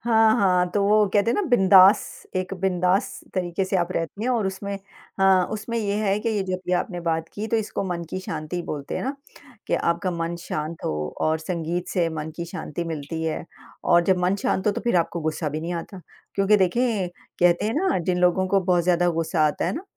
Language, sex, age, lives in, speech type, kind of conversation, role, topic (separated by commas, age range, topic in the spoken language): Hindi, female, 50-54, United States, conversation, unstructured, none, आपके लिए किताब पढ़ना अधिक आनंददायक है या संगीत सुनना?